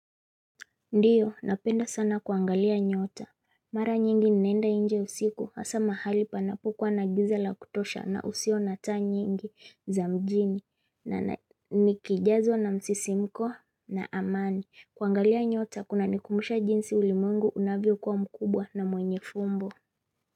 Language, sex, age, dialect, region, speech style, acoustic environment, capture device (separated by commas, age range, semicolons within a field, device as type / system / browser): Swahili, female, 25-34, Kenya - Mombasa, Kenya, focused; relaxed, silence, mobile / Linux / Chrome